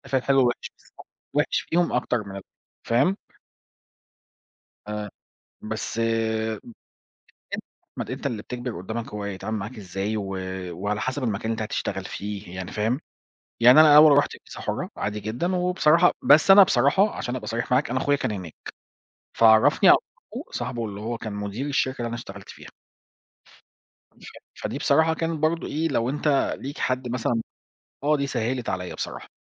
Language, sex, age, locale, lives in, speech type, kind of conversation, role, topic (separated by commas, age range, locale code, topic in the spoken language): Arabic, male, 40-44, ar-EG, Portugal, conversation, unstructured, none, إزاي بتتعامل مع الفشل وإنت بتسعى تحقق أهدافك؟